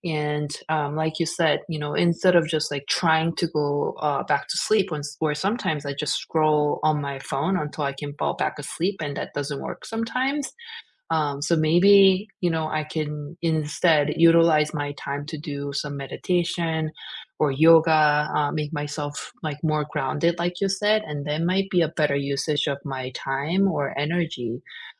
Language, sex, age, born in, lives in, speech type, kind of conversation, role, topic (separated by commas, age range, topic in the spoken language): English, female, 40-44, South Korea, United States, conversation, unstructured, none, What is one small wellness goal you can try this week to feel more connected?